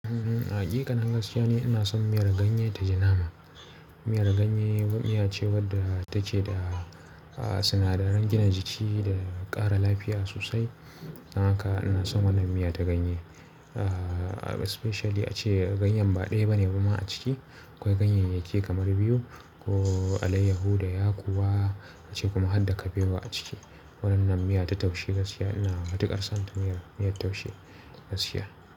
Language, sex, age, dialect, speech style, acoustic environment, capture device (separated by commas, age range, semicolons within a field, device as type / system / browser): Hausa, male, 25-34, Nigeria - Kano, relaxed, people talking, mobile / Linux / Chrome